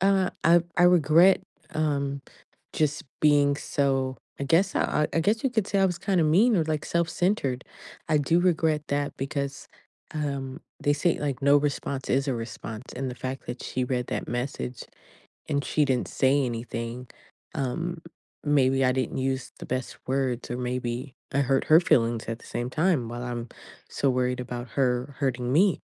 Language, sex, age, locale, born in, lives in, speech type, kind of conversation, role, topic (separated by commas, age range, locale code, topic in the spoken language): English, female, 35-39, en-US, United States, United States, conversation, advice, user, How can I repair my friendship or move on after ending it abruptly?